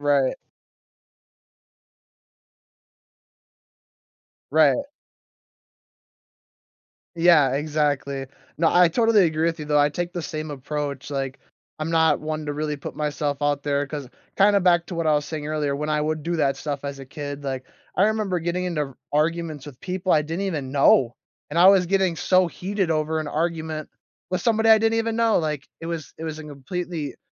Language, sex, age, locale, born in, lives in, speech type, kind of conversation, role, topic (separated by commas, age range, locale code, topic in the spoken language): English, male, 20-24, en-US, United States, United States, conversation, podcast, host, How is social media changing the way we build and maintain community relationships?